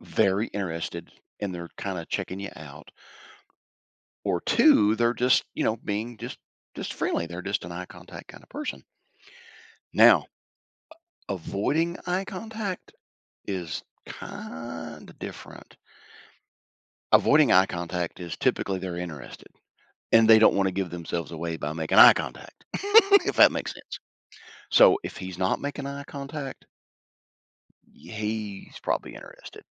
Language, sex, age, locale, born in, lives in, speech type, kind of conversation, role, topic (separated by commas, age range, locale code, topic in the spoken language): English, male, 55-59, en-US, United States, United States, conversation, advice, advisor, How can i confidently ask someone out on a date for the first time?